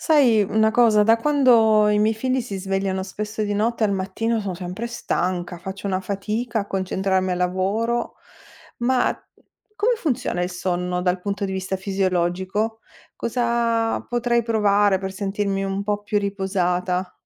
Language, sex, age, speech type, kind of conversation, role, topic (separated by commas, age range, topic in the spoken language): Italian, female, 45-49, read, expert-assistant, asker, Quali strategie posso adottare per dormire meglio e sentirmi più energico durante il giorno?